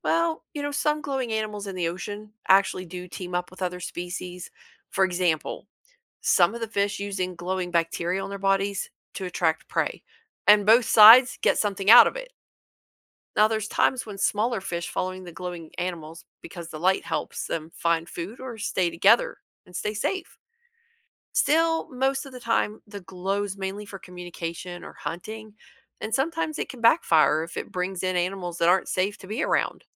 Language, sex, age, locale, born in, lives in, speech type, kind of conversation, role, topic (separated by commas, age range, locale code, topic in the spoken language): English, female, 45-49, en-US, United States, United States, read, expert-assistant, expert, Why do some animals glow in the dark?